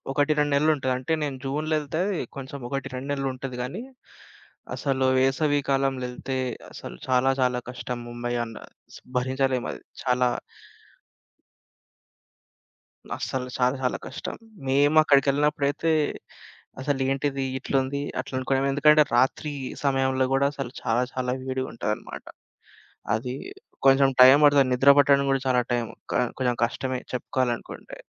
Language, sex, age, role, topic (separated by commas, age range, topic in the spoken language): Telugu, male, 25-29, guest, మీరు ఎప్పుడైనా స్థానిక కుటుంబంతో కొన్ని రోజులు గడిపారా? మీ అనుభవం ఎలా ఉండింది?